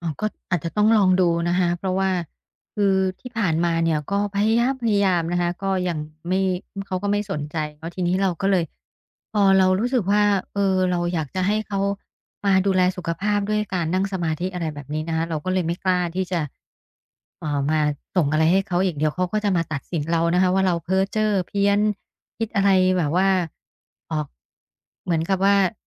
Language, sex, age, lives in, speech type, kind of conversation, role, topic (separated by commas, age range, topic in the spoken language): Thai, female, 50-54, Thailand, conversation, advice, user, ฉันควรทำอย่างไรเมื่อกลัวว่าจะถูกตัดสินเพราะทำตามความสนใจส่วนตัวของฉัน?